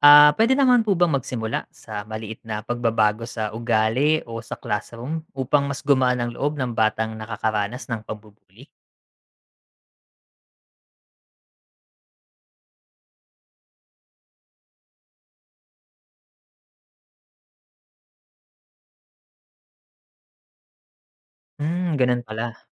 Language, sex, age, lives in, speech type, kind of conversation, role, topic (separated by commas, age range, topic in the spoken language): Filipino, male, 35-39, Philippines, read, expert-assistant, asker, Ano ang mga dahilan ng paglaganap ng bullying sa paaralan?